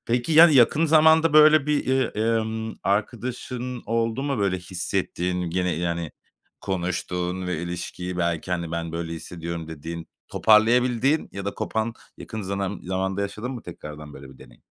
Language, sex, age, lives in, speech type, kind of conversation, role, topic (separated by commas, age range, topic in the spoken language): Turkish, male, 35-39, Spain, conversation, advice, advisor, Dostlukta tek taraflı emek ve çaba dengesizliği hissi